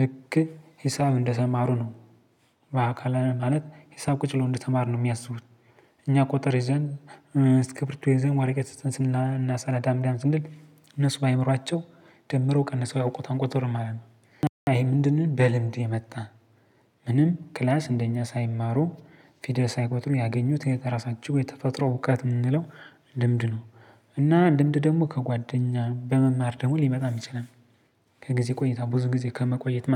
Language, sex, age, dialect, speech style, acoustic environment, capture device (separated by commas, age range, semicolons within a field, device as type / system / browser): Amharic, male, 25-34, Ethiopia - Gojjam, focused, silence, mobile / Linux / Chrome